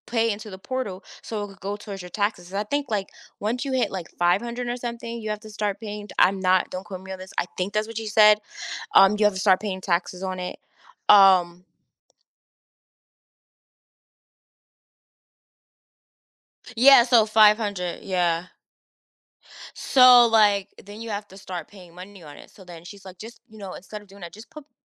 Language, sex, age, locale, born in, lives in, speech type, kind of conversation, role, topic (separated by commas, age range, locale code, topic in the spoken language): English, female, 30-34, en-US, United States, United States, conversation, podcast, guest, How have difficult experiences shaped your outlook on life?